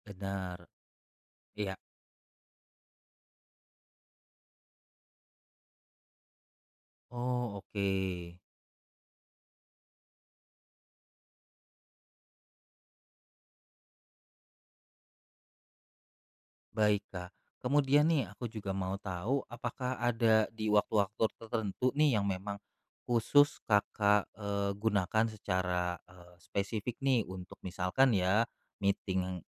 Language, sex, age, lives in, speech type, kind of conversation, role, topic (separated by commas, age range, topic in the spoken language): Indonesian, male, 35-39, Indonesia, conversation, advice, advisor, Bagaimana caranya agar tidak mudah terganggu saat bekerja dengan fokus mendalam?